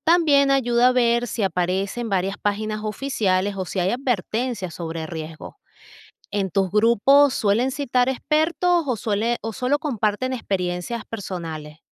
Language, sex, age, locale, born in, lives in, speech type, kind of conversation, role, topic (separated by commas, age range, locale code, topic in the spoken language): Spanish, female, 40-44, es-VE, Venezuela, United States, read, expert-assistant, expert, ¿Puedo confiar en los consejos de seguridad alimentaria que circulan en redes sociales o debería buscar fuentes más oficiales?